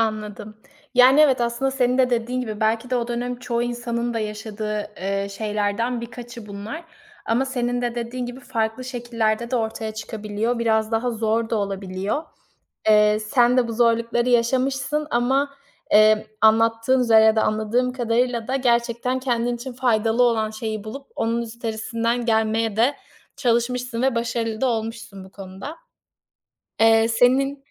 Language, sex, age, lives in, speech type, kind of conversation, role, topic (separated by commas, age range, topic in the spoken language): Turkish, female, 25-29, Italy, conversation, podcast, host, Bir anlık bir kararla hayatının rotası değişti mi; buna bir örnek verebilir misin?